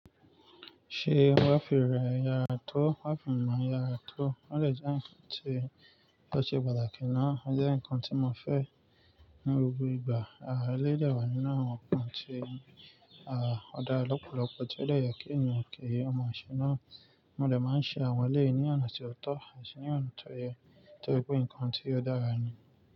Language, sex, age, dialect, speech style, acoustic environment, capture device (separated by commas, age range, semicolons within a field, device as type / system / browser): Yoruba, male, 25-34, Nigeria - Nigerian Standard, focused, nature, mobile / Linux / Chrome